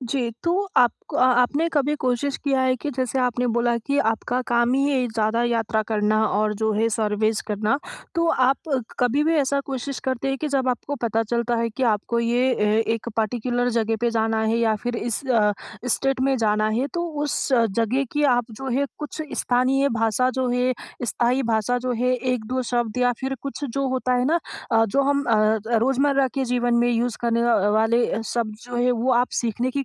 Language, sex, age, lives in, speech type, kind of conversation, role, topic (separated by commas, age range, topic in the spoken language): Hindi, female, 20-24, India, conversation, advice, advisor, मैं यात्रा के दौरान भाषा की बाधाओं को कैसे संभालूँ?